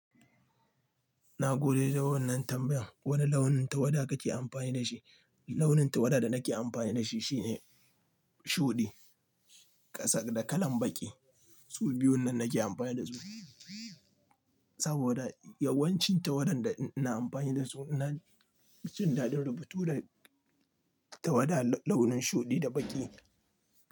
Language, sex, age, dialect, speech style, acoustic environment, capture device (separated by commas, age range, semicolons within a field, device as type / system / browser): Hausa, male, 25-34, Nigeria - Nigerian Standard, relaxed, nature, mobile / Linux / Chrome